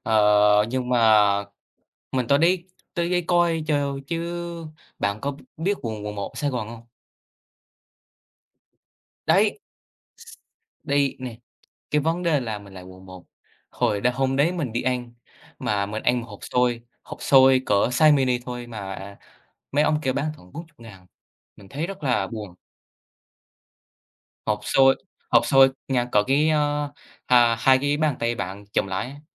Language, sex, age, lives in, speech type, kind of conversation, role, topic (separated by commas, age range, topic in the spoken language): Vietnamese, male, 18-19, Vietnam, conversation, unstructured, none, Bạn sẽ làm gì nếu bất ngờ nhận được một khoản tiền lớn?